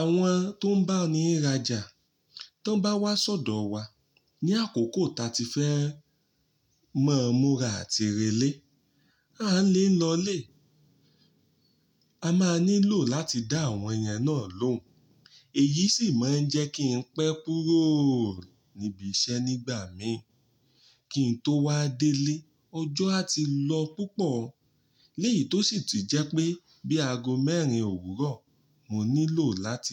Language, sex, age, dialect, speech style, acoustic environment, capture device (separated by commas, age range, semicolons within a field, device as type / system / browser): Yoruba, male, 35-44, Nigeria - Ibadan, happy, nature, mobile / Linux / Chrome